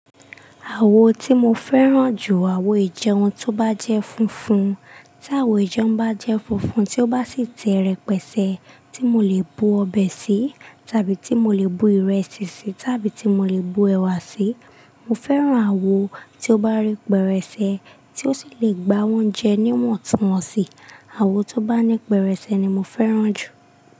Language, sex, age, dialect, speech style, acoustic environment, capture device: Yoruba, female, 25-34, Nigeria - Lagos Yoruba, relaxed, silence, desktop / Linux / Chrome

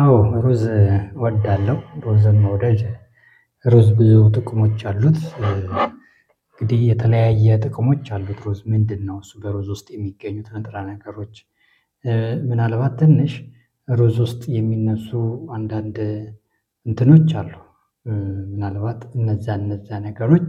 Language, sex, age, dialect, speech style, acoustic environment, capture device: Amharic, male, 25-34, Ethiopia - Addis Ababa, happy; excited; focused; relaxed, silence, mobile / Linux / Chrome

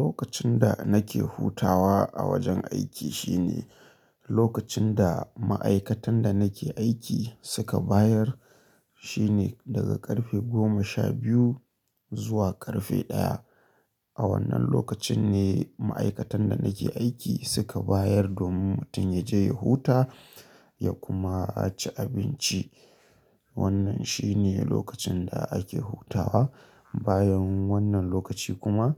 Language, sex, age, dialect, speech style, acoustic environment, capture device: Hausa, male, 25-34, Nigeria - Kano, happy; anxious; tired; relaxed; nervous; excited; stressed; focused, people talking; music; nature; silence; traffic; construction; appliances, mobile / Linux / Chrome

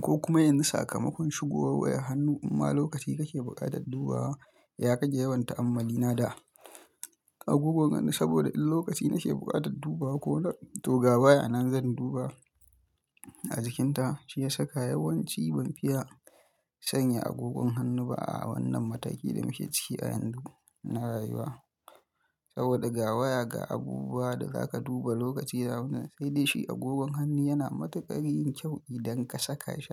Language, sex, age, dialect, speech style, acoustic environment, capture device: Hausa, male, 25-34, Nigeria - Kano, happy; focused; relaxed; anxious; nervous, people talking, mobile / Linux / Chrome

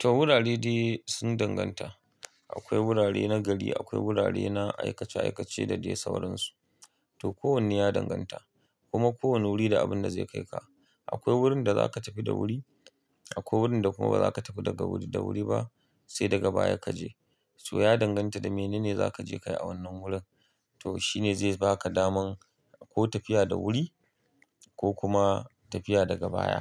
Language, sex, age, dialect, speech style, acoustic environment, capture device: Hausa, male, 35-44, Nigeria - Kano, happy; tired; relaxed; excited; focused; stressed; nervous, people talking; nature; music; silence; appliances; construction, mobile / Linux / Chrome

